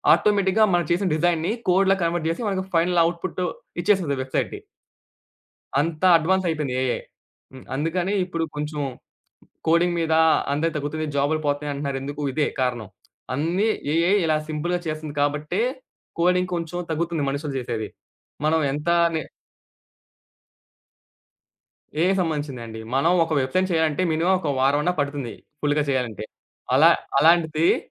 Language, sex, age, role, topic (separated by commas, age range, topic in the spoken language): Telugu, male, 20-24, guest, కొత్త రంగంలోకి వెళ్లడానికి మీరు ఏ నైపుణ్యాలు నేర్చుకున్నారు?